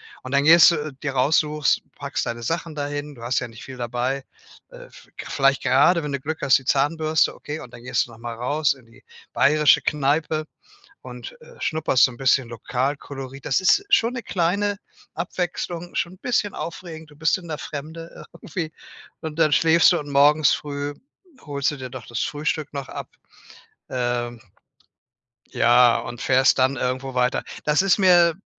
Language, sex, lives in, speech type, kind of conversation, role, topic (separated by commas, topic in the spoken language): German, male, Germany, conversation, advice, user, Wie kann ich trotz Zeitmangels Urlaub machen und neue Orte entdecken?